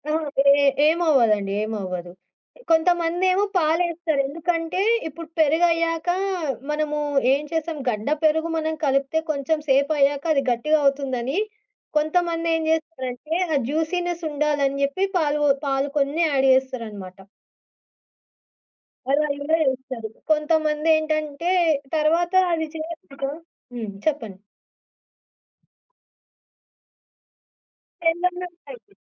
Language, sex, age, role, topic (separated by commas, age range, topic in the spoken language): Telugu, female, 25-29, guest, మీరు అందరితో కలిసి జరిపిన చక్కటి పండగ అనుభవం గురించి చెప్పగలరా?